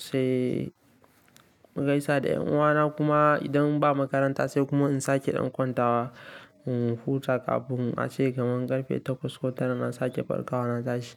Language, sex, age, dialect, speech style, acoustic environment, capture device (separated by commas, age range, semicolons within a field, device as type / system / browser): Hausa, male, 18-24, Nigeria - Kano, relaxed, silence; nature, mobile / Linux / Chrome